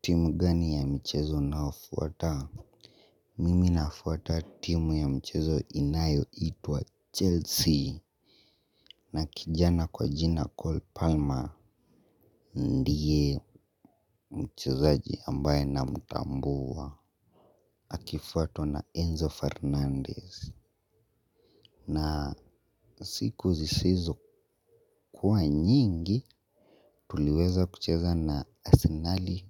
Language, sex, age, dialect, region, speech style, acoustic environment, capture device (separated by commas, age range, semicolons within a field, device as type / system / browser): Swahili, male, 18-24, Kenya - Nairobi Sheng-influenced, Kenya, happy, people talking; music; nature; traffic; construction, mobile / Linux / Chrome